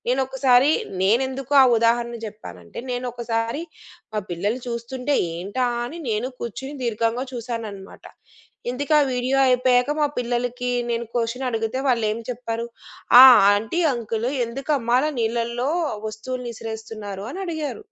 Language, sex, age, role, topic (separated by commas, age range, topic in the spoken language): Telugu, female, 35-39, guest, సోషల్ మీడియా మీ వ్యక్తిగత శైలిపై ఎంత ప్రభావం చూపించింది?